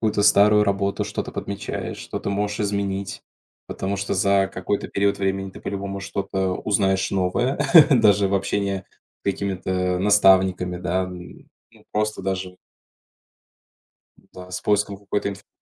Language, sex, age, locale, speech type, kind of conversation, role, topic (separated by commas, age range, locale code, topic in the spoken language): Russian, male, 20-24, ru-RU, conversation, podcast, guest, Как справляться с внутренним критиком?